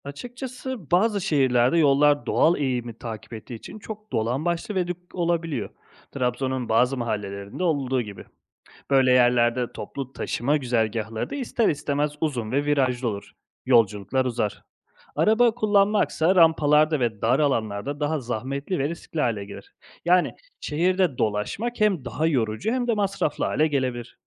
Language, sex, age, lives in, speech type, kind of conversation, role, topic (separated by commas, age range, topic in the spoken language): Turkish, male, 30-34, Italy, read, expert-assistant, expert, Bir şehir tamamen doğal yapısına göre tasarlansa yaşam nasıl etkilenir?